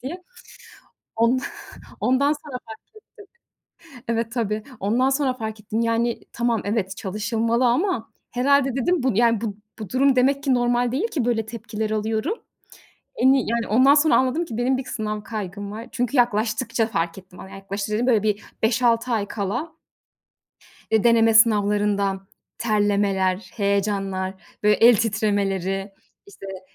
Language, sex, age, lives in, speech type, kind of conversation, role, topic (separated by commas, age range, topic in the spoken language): Turkish, female, 30-34, Netherlands, conversation, podcast, guest, Sınav kaygısıyla başa çıkmak için hangi yöntemleri kullanıyorsun?